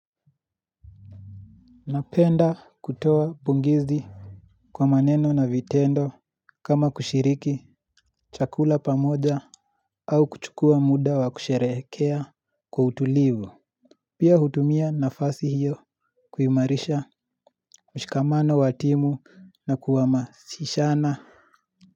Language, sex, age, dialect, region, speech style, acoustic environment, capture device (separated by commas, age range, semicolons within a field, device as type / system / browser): Swahili, male, 18-24, Kenya - Nairobi Sheng-influenced, Kenya, happy, silence, mobile / Linux / Chrome